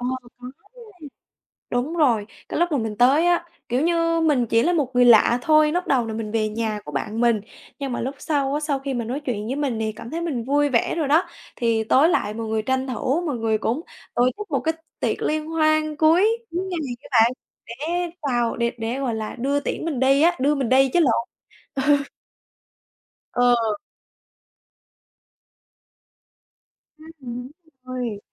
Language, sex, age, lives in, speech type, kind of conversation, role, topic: Vietnamese, female, 20-24, Vietnam, conversation, podcast, guest, Bạn có thể kể về một trải nghiệm địa phương đáng nhớ của bạn không?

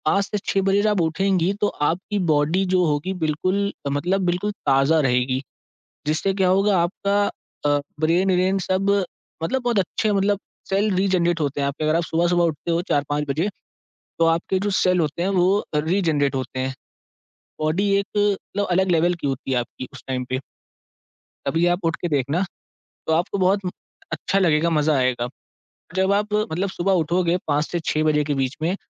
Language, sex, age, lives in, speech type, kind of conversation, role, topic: Hindi, male, 18-19, India, conversation, advice, advisor, आप काम पर गहरी एकाग्रता क्यों नहीं बना पा रहे हैं?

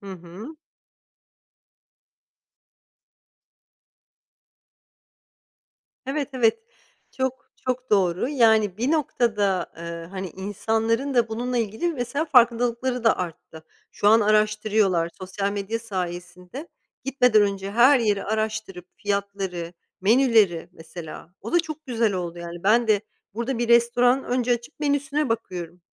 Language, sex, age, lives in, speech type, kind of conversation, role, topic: Turkish, female, 40-44, United States, conversation, unstructured, none, Turistlerin bazı yerlerde neden kötü karşılandığını hiç düşündün mü?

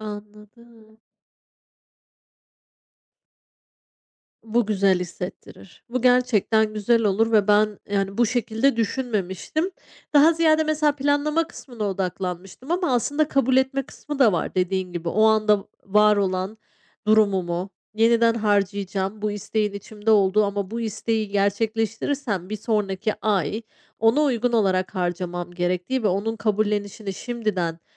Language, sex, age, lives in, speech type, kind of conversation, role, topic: Turkish, female, 35-39, Spain, conversation, advice, user, Sosyal baskıyla harcama alışkanlıklarımı dengeleyip tutumlulukla mahrumiyet arasında nasıl bir denge kurabilirim?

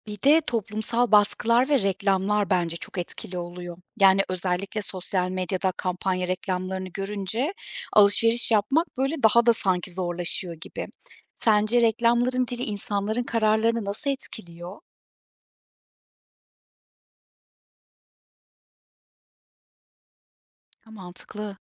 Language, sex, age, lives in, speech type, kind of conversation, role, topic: Turkish, female, 35-39, Germany, read, expert-assistant, asker, Bir ürünü almak için hemen karar vermek zorunda olsaydık, harcamalarımızda neler değişirdi?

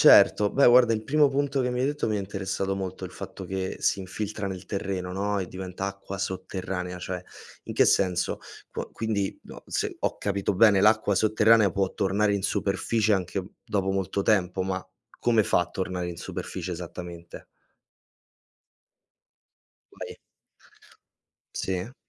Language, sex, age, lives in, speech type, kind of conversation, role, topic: Italian, male, 25-29, Italy, read, expert-assistant, asker, Come funziona il ciclo dell’acqua?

